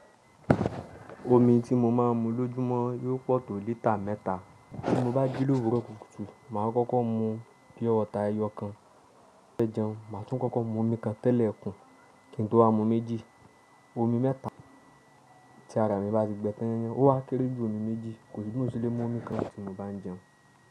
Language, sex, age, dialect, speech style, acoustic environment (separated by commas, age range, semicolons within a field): Yoruba, male, 25-34, Nigeria - Nigerian Standard, relaxed; focused, nature